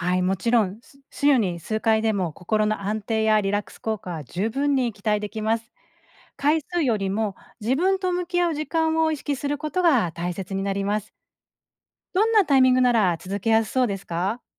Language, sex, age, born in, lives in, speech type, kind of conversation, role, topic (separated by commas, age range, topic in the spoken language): Japanese, female, 40-44, Japan, Japan, read, expert-assistant, expert, 忙しい毎日の中で、瞑想やお祈りなどのスピリチュアルな時間をどんなふうに計画すれば続けやすいでしょうか？